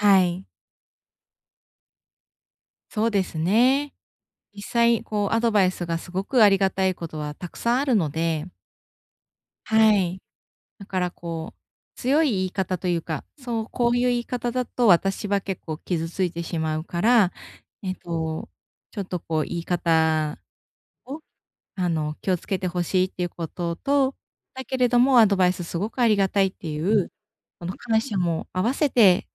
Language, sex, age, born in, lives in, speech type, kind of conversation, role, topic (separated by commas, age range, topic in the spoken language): Japanese, female, 35-39, Japan, Japan, conversation, advice, user, そのアドバイスが批判なのか助言なのか、どう見分ければよいですか？